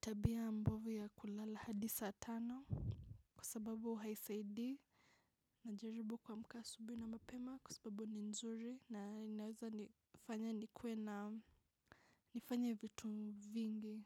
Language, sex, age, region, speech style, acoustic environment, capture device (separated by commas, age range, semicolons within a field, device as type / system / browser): Swahili, female, 25-34, Kenya, focused, silence, mobile / macOS / Safari